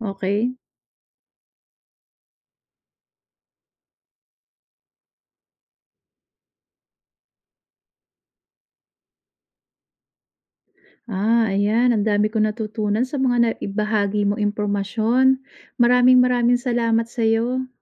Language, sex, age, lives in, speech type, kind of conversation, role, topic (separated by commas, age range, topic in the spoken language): Filipino, female, 40-44, United States, read, expert-assistant, asker, Paano mapapadali at mapapalinaw ang paggamit ng mga teknikal na termino sa mga pagpupulong?